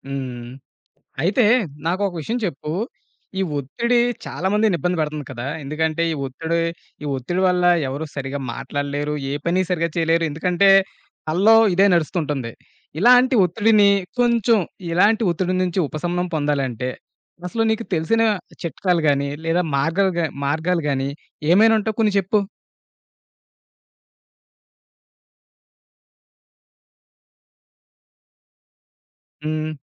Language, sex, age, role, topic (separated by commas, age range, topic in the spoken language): Telugu, male, 30-34, host, మీరు సాధారణంగా ఒత్తిడిని ఎలా ఎదుర్కుంటారు?